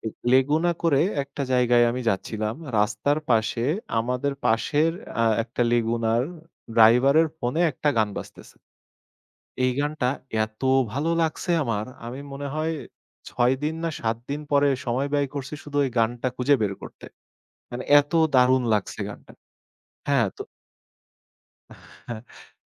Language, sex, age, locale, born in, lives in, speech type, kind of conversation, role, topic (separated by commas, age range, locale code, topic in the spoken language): Bengali, male, 25-29, bn-BD, Bangladesh, Bangladesh, conversation, podcast, guest, কোন ভাষার গান আপনাকে বেশি টানে?